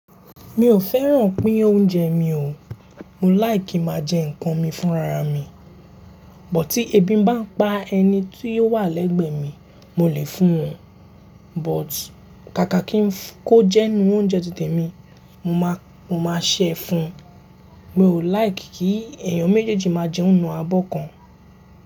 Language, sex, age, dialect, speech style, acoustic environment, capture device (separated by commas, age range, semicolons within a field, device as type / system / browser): Yoruba, male, 18-24, Nigeria - Lagos Yoruba, happy, people talking, mobile / Linux / Chrome